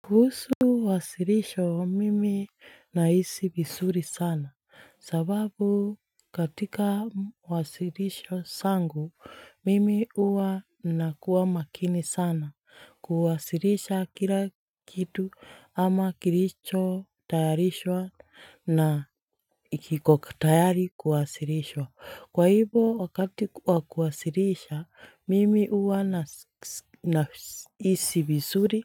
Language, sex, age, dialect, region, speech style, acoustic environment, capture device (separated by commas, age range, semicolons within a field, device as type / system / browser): Swahili, female, 25-34, Kenya - Nairobi Sheng-influenced, Kenya, happy, silence, mobile / Linux / Chrome